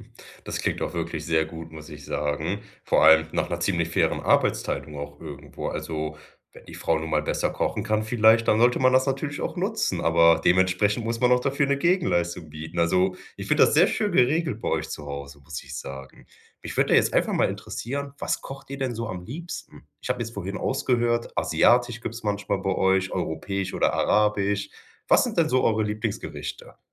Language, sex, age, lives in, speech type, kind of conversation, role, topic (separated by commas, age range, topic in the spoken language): German, male, 25-29, Germany, conversation, podcast, host, Wie läuft bei euch das gemeinsame Kochen ab?